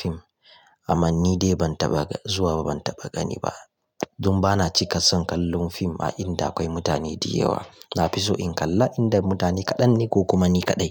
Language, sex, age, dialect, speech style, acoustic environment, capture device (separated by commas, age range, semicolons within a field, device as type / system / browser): Hausa, male, 25-34, Nigeria - Kano, relaxed, nature, mobile / Linux / Chrome